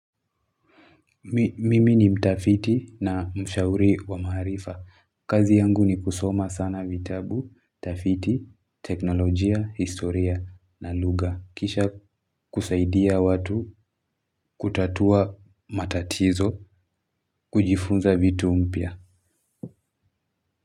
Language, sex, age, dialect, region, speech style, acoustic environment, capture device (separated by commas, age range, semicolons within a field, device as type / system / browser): Swahili, male, 18-24, Kenya - Nairobi Sheng-influenced, Kenya, happy, people talking, mobile / Linux / Chrome